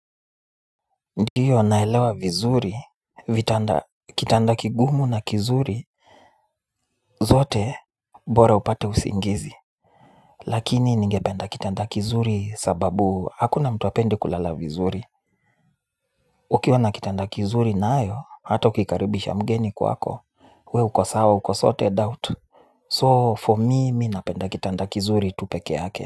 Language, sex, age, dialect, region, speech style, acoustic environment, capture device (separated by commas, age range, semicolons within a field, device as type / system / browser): Swahili, male, 25-34, Kenya - Nairobi Sheng-influenced, Kenya, relaxed, silence, mobile / Linux / Chrome